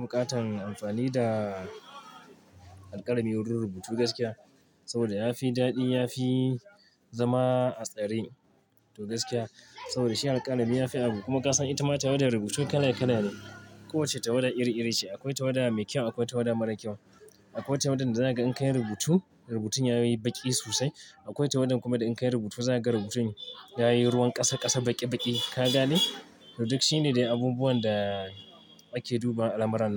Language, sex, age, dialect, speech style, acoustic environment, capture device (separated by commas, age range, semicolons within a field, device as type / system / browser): Hausa, male, 25-34, Nigeria - Kano, excited, traffic, mobile / Linux / Chrome